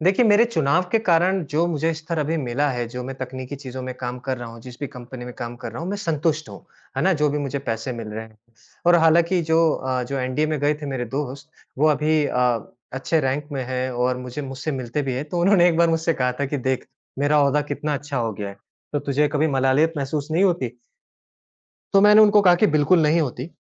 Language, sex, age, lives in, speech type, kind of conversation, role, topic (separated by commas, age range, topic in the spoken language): Hindi, male, 30-34, India, conversation, podcast, guest, क्या आप कभी इतने अटक गए हैं कि कोई भी फैसला न कर पाए हों?